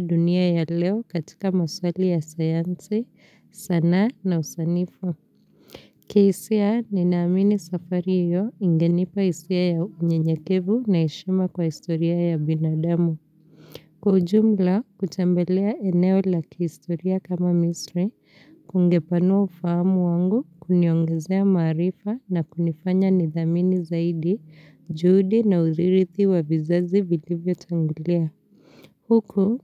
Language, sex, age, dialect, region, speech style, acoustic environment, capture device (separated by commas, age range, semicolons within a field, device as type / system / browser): Swahili, female, 25-34, Kenya - Nairobi Sheng-influenced, Kenya, relaxed; focused; happy, silence; nature; appliances; people talking, mobile / Linux / Chrome